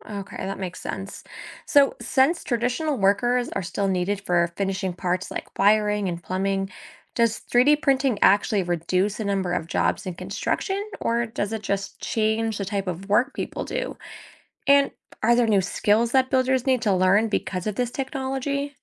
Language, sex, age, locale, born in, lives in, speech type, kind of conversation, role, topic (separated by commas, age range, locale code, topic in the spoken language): English, female, 25-29, en-US, United States, United States, read, expert-assistant, asker, How could 3D printing revolutionize home construction?